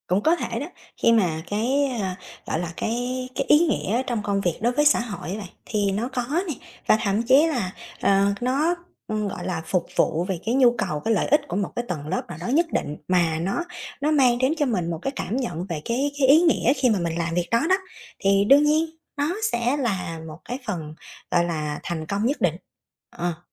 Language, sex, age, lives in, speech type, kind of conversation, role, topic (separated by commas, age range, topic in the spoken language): Vietnamese, female, 30-34, Vietnam, conversation, podcast, guest, Bạn định nghĩa thành công trong sự nghiệp như thế nào?